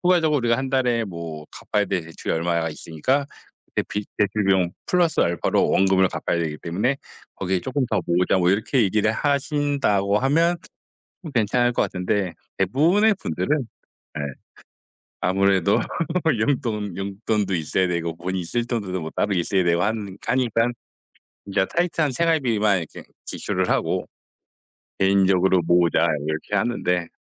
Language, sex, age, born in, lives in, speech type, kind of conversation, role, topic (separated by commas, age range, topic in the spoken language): Korean, male, 40-44, South Korea, South Korea, conversation, unstructured, none, 비상금이 없으면 어떤 상황이 가장 걱정되시나요?